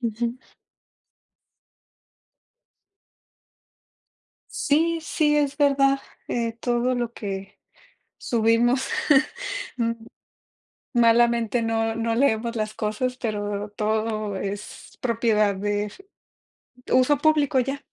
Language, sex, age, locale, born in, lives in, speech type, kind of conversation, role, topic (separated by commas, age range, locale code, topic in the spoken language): Spanish, female, 30-34, es-MX, Mexico, Mexico, conversation, unstructured, none, ¿Confías en la privacidad de tus datos en redes sociales?